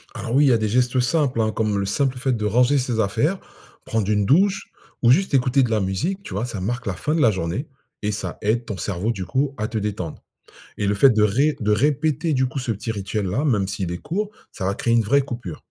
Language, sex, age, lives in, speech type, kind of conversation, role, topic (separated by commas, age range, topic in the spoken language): French, male, 45-49, France, read, expert-assistant, expert, Comment notre corps nous indique-t-il qu’il est temps de ralentir, et comment écouter ces signaux ?